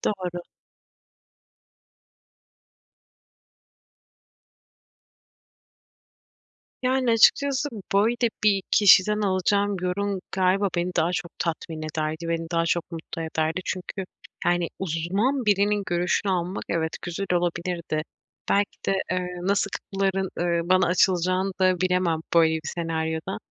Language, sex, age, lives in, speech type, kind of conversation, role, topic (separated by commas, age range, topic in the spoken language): Turkish, female, 25-29, Poland, conversation, advice, user, Yeni bir hobi denerken yetenek eksikliği ve başarısız olma korkusunu nasıl aşabilirim?